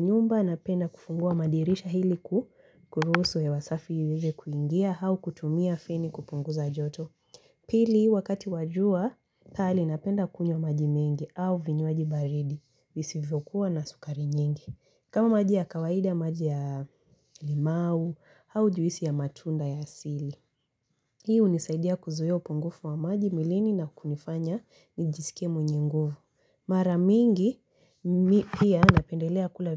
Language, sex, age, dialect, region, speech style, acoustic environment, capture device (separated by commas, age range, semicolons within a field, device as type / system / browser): Swahili, female, 18-24, Kenya - Mombasa, Kenya, focused, silence, mobile / Linux / Chrome